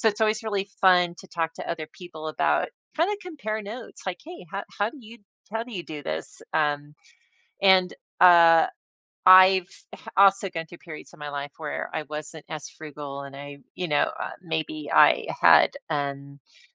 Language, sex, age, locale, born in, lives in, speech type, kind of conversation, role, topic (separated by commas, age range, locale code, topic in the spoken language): English, female, 55-59, en-US, United States, United States, conversation, podcast, host, What habits and tools can help people save money consistently over time?